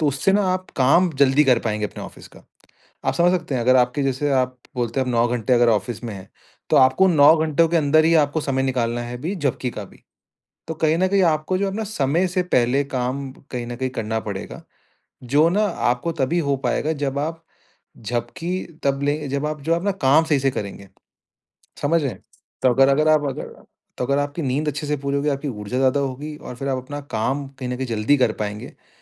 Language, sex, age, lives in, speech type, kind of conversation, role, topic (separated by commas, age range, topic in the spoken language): Hindi, male, 25-29, India, conversation, advice, advisor, मैं झपकी लेकर दिनभर अपनी ऊर्जा और ध्यान कैसे बेहतर करूँ?